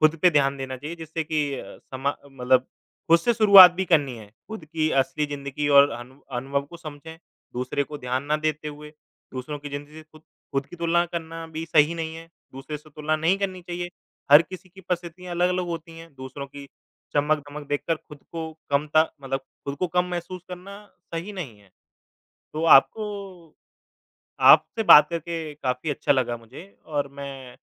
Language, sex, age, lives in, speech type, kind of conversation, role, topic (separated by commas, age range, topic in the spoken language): Hindi, male, 25-29, India, conversation, unstructured, none, क्या आपको लगता है कि सामाजिक माध्यम हमारी ज़िंदगी को खराब कर रहे हैं?